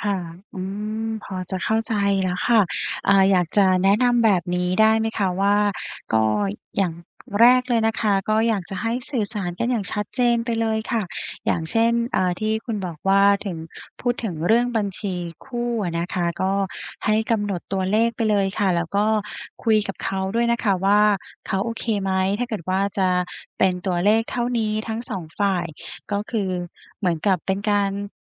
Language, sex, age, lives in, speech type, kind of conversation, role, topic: Thai, female, 35-39, Thailand, conversation, advice, advisor, ควรวางแผนอนาคตร่วมกันอย่างไร?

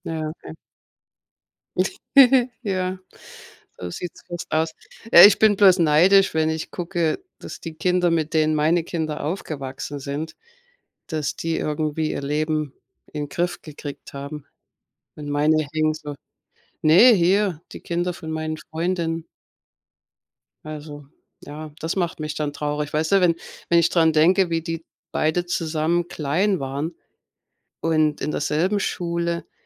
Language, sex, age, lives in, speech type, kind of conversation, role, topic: German, female, 55-59, United States, conversation, unstructured, none, Was bedeutet für dich ein glückliches Leben?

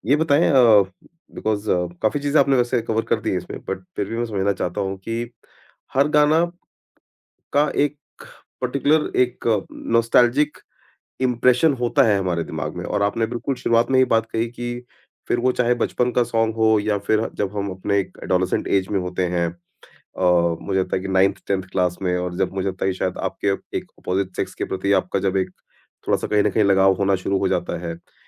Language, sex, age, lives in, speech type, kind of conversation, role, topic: Hindi, male, 40-44, India, conversation, podcast, host, Kaunsa gaana tumhein bachpan ki sabse badi yaad dilata hai?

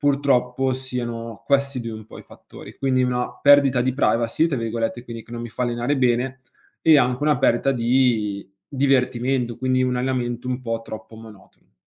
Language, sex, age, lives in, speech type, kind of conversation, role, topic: Italian, male, 25-29, Italy, conversation, advice, user, Come mai ho perso motivazione dopo poche settimane di palestra?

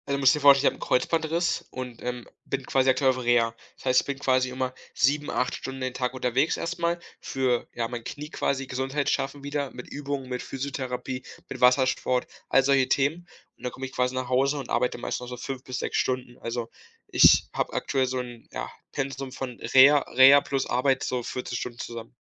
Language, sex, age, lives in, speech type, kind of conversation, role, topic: German, male, 18-19, Germany, conversation, advice, user, Erwägst du, Vermögen zu verkaufen, um deine Schulden abzubauen?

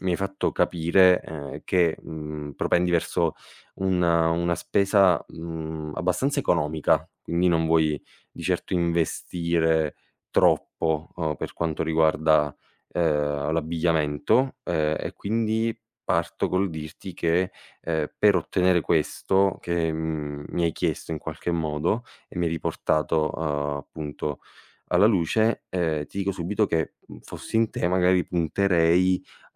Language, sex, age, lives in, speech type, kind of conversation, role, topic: Italian, male, 25-29, Italy, conversation, advice, advisor, Quando conviene scegliere un prodotto più costoso ma di qualità invece di un’alternativa economica per risparmiare?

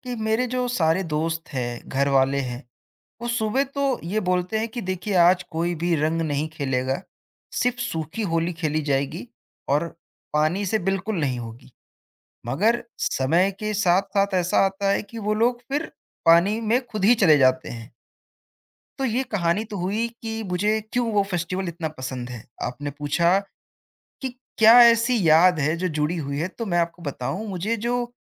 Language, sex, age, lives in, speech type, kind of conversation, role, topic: Hindi, male, 20-24, India, conversation, podcast, guest, Kya koi tyohar ka khaana hai jo tumhe bachpan se aaj tak dil se yaad hai?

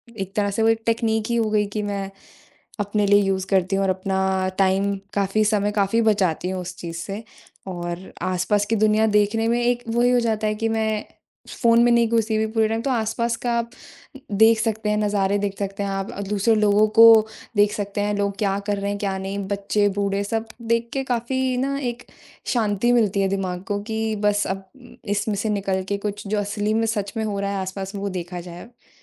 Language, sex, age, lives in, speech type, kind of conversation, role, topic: Hindi, female, 20-24, India, conversation, podcast, guest, आप एक फोन-मुक्त दिन की योजना कैसे बनाते हैं?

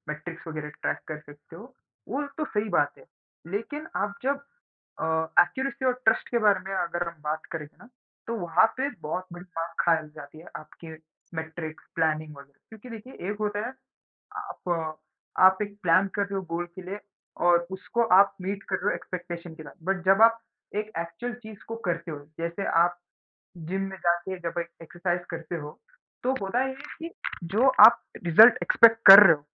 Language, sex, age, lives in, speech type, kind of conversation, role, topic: Hindi, male, 20-24, India, conversation, unstructured, none, क्या आप फिटनेस ट्रैकर के बिना रह सकते हैं?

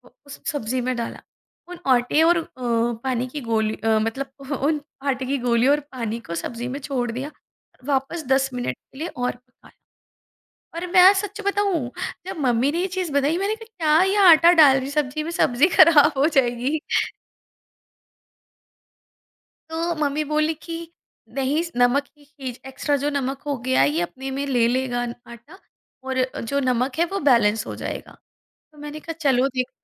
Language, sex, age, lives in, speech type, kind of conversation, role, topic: Hindi, female, 35-39, India, conversation, podcast, guest, Online groups se asli connection kaise ban sakta hai?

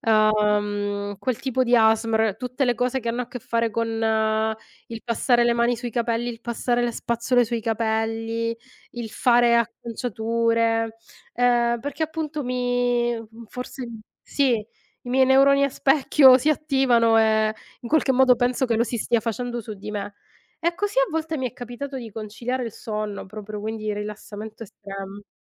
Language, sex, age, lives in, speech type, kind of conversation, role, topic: Italian, female, 35-39, Italy, conversation, unstructured, none, Come gestisci lo stress nelle situazioni quotidiane?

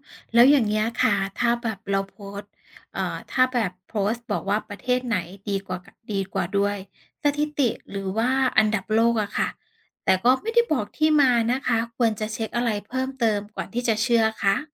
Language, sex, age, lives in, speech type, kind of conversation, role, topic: Thai, female, 35-39, Thailand, read, expert-assistant, asker, เวลามีคนแชร์ข้อมูลเกี่ยวกับระบบการศึกษาในแต่ละประเทศ เราจะรู้ได้อย่างไรว่าข้อมูลนั้นเชื่อถือได้จริงหรือเปล่า?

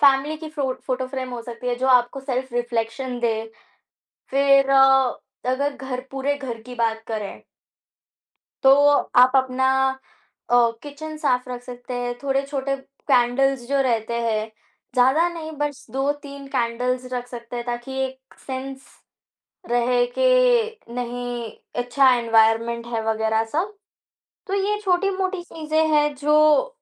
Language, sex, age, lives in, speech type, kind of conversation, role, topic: Hindi, female, 18-19, India, conversation, podcast, guest, Kaun si cheez ghar ko turant apna-sa aur khushnuma bana deti hai?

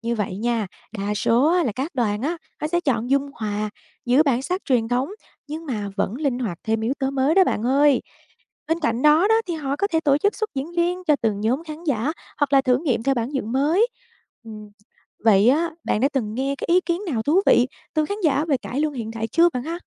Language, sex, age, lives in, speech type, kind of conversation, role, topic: Vietnamese, female, 25-29, Vietnam, read, expert-assistant, expert, Làm sao nghệ thuật trình diễn có thể đổi mới để thu hút người trẻ ngày nay?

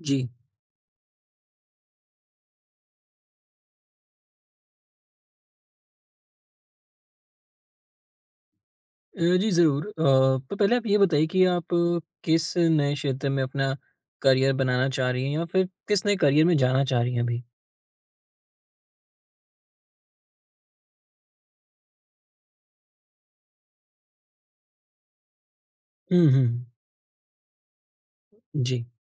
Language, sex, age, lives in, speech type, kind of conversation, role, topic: Hindi, male, 20-24, India, conversation, advice, advisor, करियर बदलने के लिए पढ़ाई या प्रशिक्षण लेना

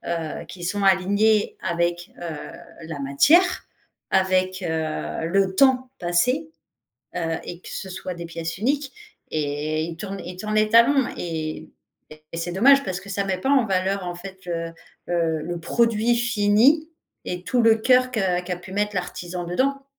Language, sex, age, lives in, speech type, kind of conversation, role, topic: French, female, 40-44, France, conversation, podcast, host, Comment évites-tu le burn-out créatif ?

